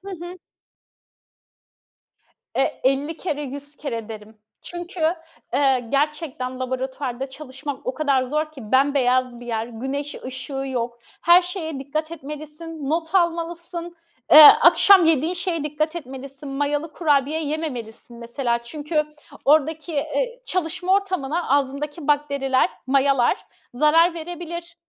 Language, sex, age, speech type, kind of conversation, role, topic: Turkish, female, 30-34, conversation, podcast, guest, Kariyerini değiştirirken nelere dikkat ettin ve süreç nasıldı?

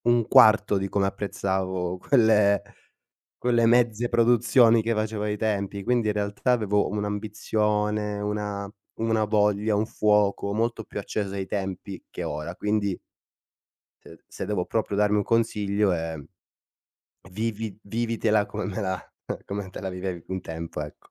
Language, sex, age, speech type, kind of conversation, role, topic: Italian, male, 25-29, conversation, podcast, guest, Raccontami di una volta in cui la solitudine ti ha aiutato a creare?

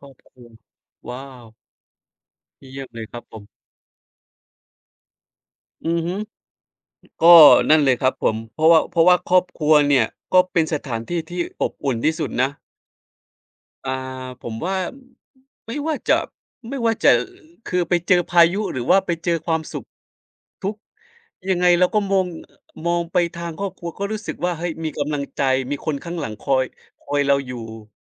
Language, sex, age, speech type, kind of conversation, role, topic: Thai, male, 30-34, conversation, unstructured, none, คุณคิดว่าคำว่า ครอบครัว มีความหมายว่าอะไร?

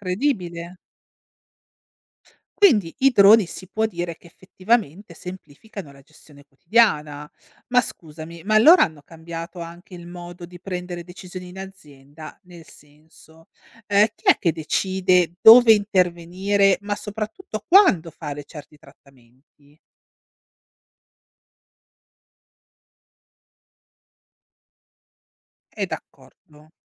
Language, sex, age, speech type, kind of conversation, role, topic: Italian, female, 40-44, read, expert-assistant, asker, Hai un esempio concreto di come i droni stanno cambiando il lavoro nei campi, magari paragonandoli a qualcosa che conosciamo tutti?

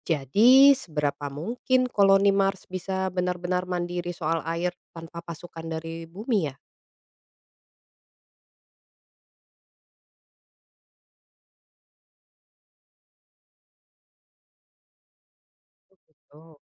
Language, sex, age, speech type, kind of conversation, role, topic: Indonesian, female, 35-39, read, expert-assistant, asker, Dalam membangun koloni manusia di luar Bumi, faktor apa yang harus menjadi pertimbangan utama—ketersediaan air, stabilitas atmosfer, atau faktor lain? Urutkan prioritasnya!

